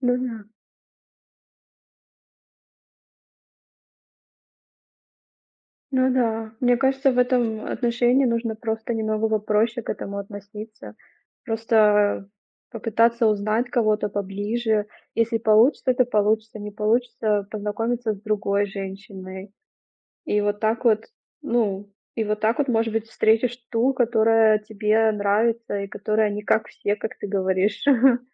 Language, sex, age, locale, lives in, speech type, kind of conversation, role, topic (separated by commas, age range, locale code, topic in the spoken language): Russian, female, 30-34, ru-RU, Germany, conversation, advice, advisor, Как справиться со страхом остаться одному и перестать избегать свиданий?